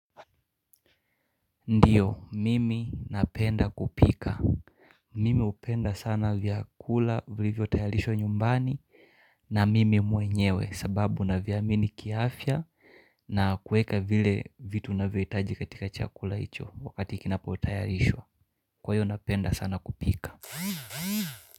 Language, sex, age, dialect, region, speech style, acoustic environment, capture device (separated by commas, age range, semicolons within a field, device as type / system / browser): Swahili, male, 25-34, Kenya - Mombasa, Kenya, relaxed; focused, silence, mobile / Linux / Chrome